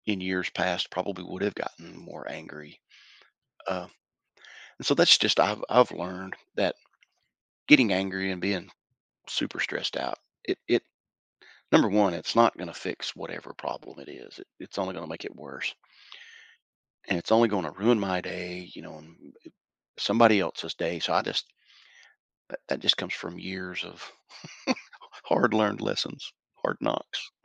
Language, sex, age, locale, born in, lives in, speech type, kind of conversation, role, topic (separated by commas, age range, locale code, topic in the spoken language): English, male, 55-59, en-US, United States, United States, conversation, podcast, guest, How do you incorporate stress management into your everyday life?